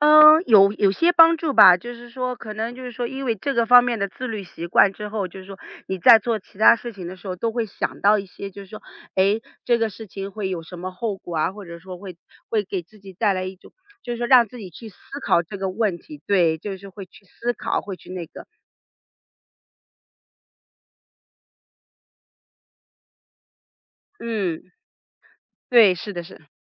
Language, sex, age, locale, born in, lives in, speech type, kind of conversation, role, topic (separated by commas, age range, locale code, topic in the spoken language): Chinese, female, 40-44, zh-CN, China, United States, conversation, podcast, guest, 你平时怎么注意环保的小事儿？